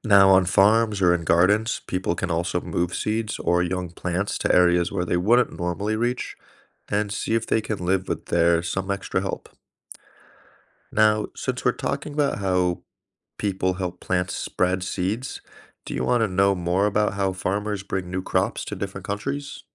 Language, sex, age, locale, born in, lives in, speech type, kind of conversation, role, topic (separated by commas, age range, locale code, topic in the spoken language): English, male, 30-34, en-US, United States, United States, read, expert-assistant, expert, How do seeds travel to new places to grow?